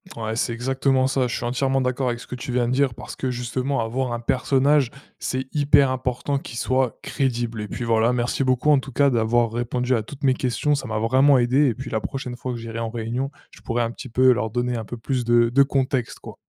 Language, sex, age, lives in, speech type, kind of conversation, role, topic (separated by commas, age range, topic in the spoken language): French, male, 20-24, France, read, expert-assistant, asker, Pourquoi inventer des personnages nous aide-t-il à comprendre le monde ?